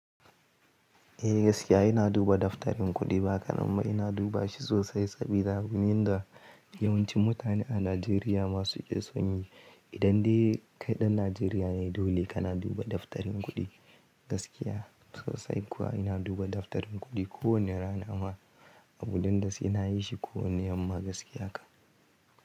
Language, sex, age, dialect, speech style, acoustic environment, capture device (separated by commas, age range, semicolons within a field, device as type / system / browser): Hausa, male, 18-24, Nigeria - Kano, happy; anxious, silence; nature, mobile / Linux / Chrome